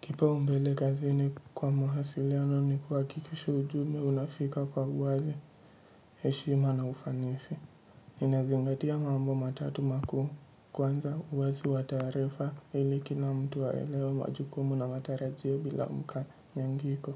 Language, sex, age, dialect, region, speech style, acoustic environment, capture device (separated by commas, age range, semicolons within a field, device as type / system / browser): Swahili, male, 18-24, Kenya - Mombasa, Kenya, relaxed, silence, mobile / Linux / Edge